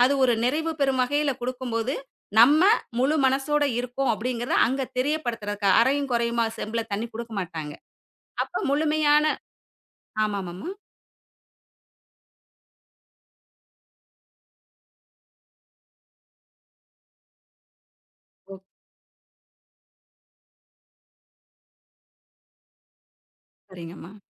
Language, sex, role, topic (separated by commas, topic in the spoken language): Tamil, female, guest, குழந்தைகளுக்கு பண்பாட்டு மரபுகளை எப்படி கற்பிக்கிறீர்கள்?